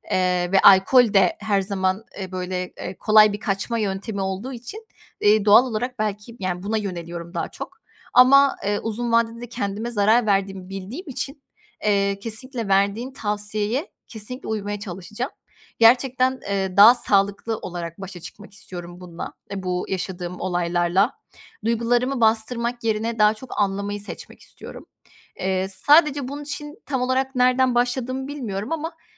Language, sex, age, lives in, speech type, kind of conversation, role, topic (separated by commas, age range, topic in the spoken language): Turkish, female, 25-29, Poland, conversation, advice, user, Sağlıksız bir başa çıkma yöntemi olarak aşırı yeme veya alkol kullanımı yaşadığınızı düşünüyor musunuz?